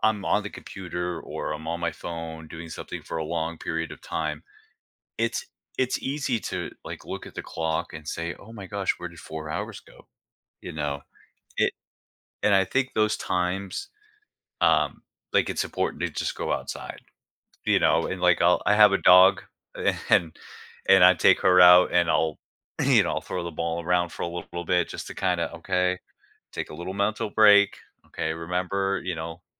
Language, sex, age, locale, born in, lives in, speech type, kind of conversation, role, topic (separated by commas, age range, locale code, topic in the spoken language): English, male, 40-44, en-US, United States, United States, conversation, podcast, guest, What are some effective ways to create a healthy balance between technology use and offline activities?